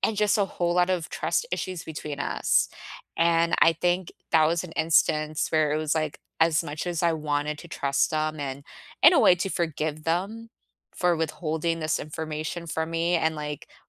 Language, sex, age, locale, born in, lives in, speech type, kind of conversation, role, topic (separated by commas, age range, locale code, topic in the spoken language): English, female, 25-29, en-US, United States, United States, conversation, unstructured, none, Should people forgive those who have committed serious wrongs?